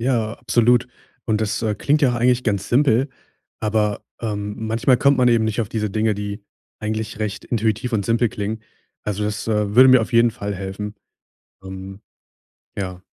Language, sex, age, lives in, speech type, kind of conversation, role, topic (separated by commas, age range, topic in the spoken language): German, male, 20-24, Germany, conversation, advice, user, Wie kann ich besser entspannen, ohne ständig abgelenkt zu werden?